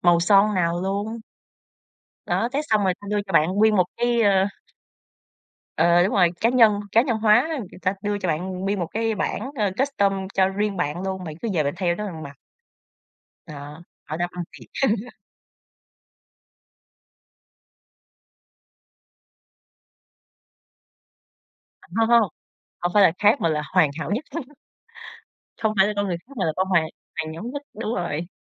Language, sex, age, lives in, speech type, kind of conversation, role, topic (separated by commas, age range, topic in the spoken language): Vietnamese, female, 25-29, Vietnam, conversation, podcast, guest, Trang phục nào khiến bạn tự tin nhất?